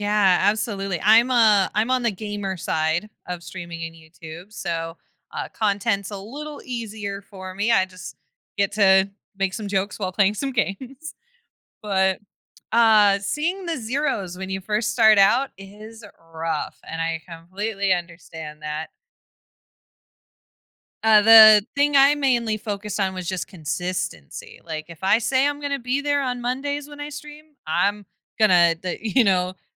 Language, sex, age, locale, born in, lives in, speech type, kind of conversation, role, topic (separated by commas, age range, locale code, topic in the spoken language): English, female, 25-29, en-US, United States, United States, conversation, podcast, host, What helps you keep going when progress is hard to see?